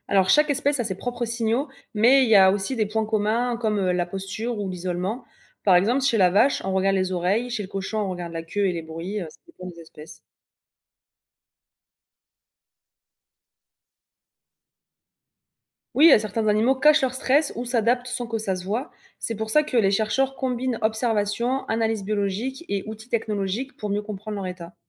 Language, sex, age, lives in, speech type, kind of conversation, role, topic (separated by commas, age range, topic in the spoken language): French, female, 25-29, France, read, expert-assistant, expert, Est-ce qu’on peut vraiment dire qu’un animal d’élevage est heureux ? Qu’est-ce que ça voudrait dire ?